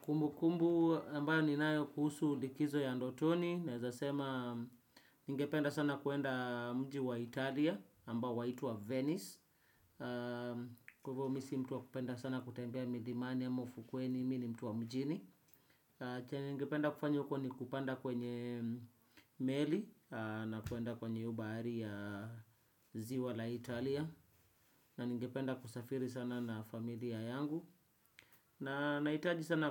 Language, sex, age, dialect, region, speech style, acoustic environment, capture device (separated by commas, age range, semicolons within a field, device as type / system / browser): Swahili, male, 25-34, Kenya - Nairobi Sheng-influenced, Kenya, focused; relaxed, silence; nature, desktop / Windows / Chrome